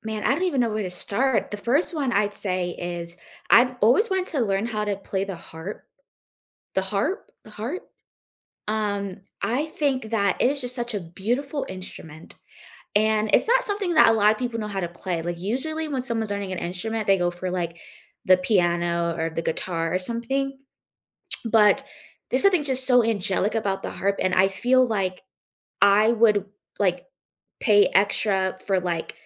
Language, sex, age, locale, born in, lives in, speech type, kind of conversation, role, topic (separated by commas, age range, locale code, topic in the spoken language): English, female, 20-24, en-US, United States, United States, conversation, unstructured, none, What hobby would you take up if you had unlimited time and money?